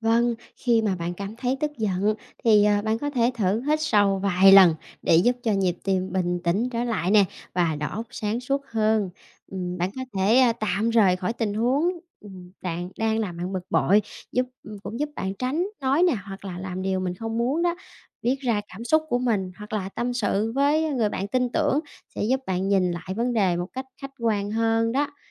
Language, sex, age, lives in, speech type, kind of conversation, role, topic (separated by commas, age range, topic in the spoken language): Vietnamese, female, 30-34, Vietnam, read, expert-assistant, expert, Làm thế nào để kiểm soát cảm xúc khi tức giận?